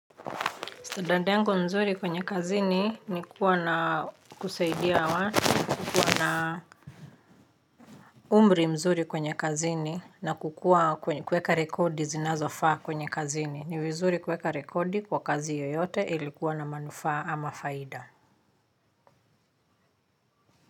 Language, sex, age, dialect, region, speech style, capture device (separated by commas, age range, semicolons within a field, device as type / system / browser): Swahili, female, 35-44, Kenya - Nairobi Sheng-influenced, Kenya, happy, mobile / Linux / Chrome